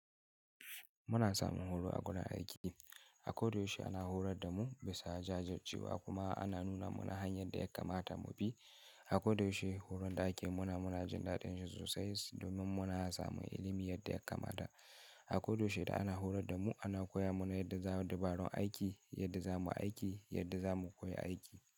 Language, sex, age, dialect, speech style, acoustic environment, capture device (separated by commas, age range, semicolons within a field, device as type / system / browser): Hausa, male, 18-24, Nigeria - Kano, happy; focused; excited, silence, mobile / Linux / Chrome